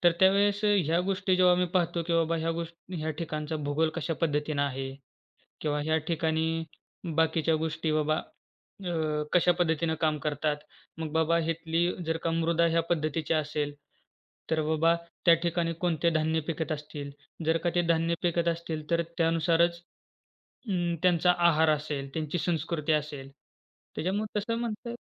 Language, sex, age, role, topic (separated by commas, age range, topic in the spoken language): Marathi, male, 20-24, guest, तुमचा आवडता छंद सुरू कसा झाला?